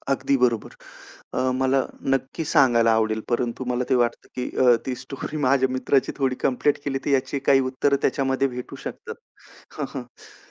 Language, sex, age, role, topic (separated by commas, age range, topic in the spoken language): Marathi, male, 35-39, guest, शाळेत न शिकवलेले पण महत्त्वाचे ज्ञान तुम्ही कसे मिळवता?